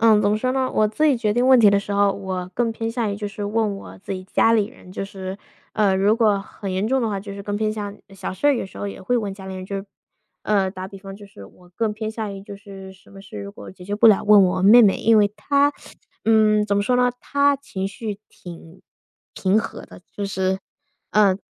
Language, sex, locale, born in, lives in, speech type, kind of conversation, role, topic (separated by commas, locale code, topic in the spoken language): Chinese, female, zh-CN, United States, United States, conversation, unstructured, none, 你觉得解决问题最有效的方法是什么？